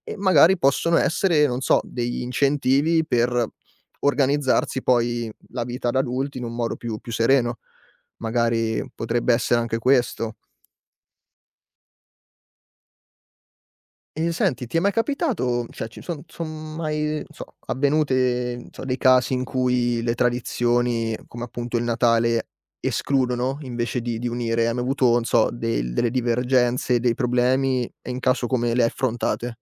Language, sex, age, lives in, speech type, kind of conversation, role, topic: Italian, male, 25-29, Italy, conversation, podcast, host, In che modo le tradizioni uniscono le persone?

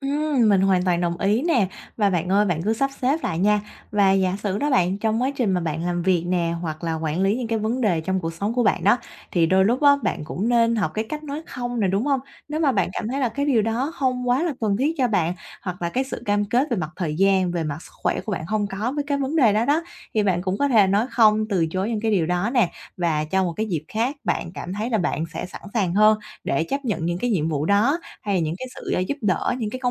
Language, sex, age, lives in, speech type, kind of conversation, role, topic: Vietnamese, female, 25-29, Vietnam, conversation, advice, advisor, Bạn có thể quản lý thời gian và ưu tiên nhiệm vụ hiệu quả hơn như thế nào?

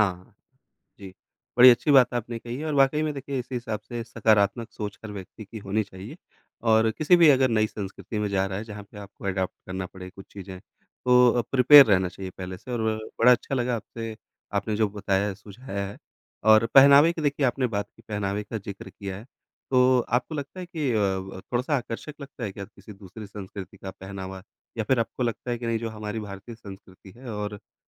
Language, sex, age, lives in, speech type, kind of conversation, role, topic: Hindi, male, 35-39, India, conversation, podcast, host, किसी नए समाज की संस्कृति अपनाते समय आप सबसे पहला कदम क्या उठाते हैं?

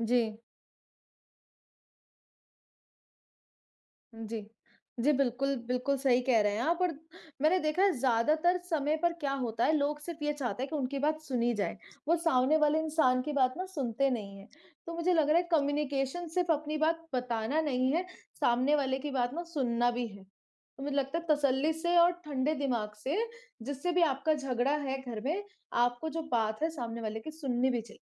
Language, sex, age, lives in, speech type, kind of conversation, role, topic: Hindi, female, 25-29, India, conversation, unstructured, none, परिवार में मतभेद कैसे सुलझाए जा सकते हैं?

